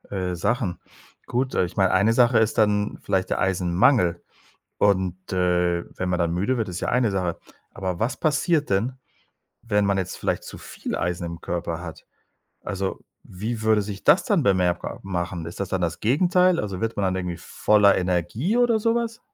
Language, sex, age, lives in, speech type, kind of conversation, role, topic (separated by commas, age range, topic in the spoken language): German, male, 45-49, Spain, read, expert-assistant, asker, Warum ist Blut rot?